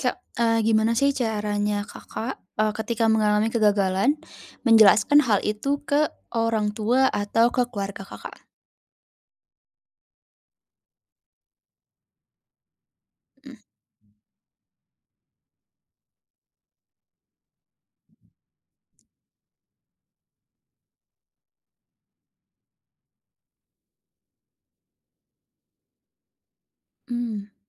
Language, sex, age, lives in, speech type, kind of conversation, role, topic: Indonesian, female, 20-24, Indonesia, conversation, podcast, host, Bagaimana cara kamu menjelaskan kegagalanmu kepada orang tua atau keluarga?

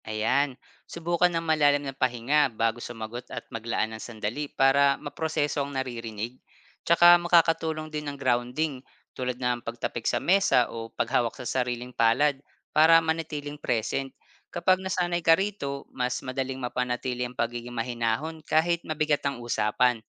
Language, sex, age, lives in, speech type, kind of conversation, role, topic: Filipino, male, 30-34, Philippines, read, expert-assistant, expert, Ano ang mabisang paraan para masanay sa pag-unawa ng tono at damdamin ng kausap gamit ang wika?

